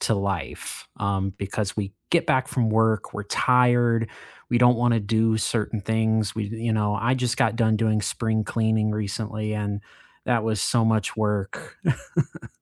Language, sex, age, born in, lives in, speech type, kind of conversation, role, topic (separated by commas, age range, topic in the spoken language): English, male, 25-29, United States, United States, conversation, unstructured, none, Which skill do you admire in others and want to learn?